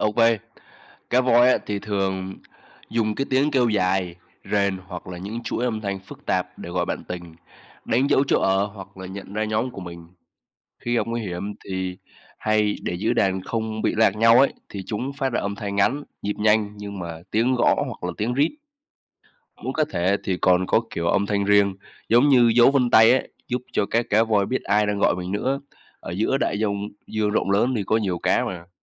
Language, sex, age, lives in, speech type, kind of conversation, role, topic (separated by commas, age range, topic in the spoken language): Vietnamese, male, 20-24, Vietnam, read, expert-assistant, expert, Làm thế nào mà cá voi giao tiếp ở đại dương rộng lớn?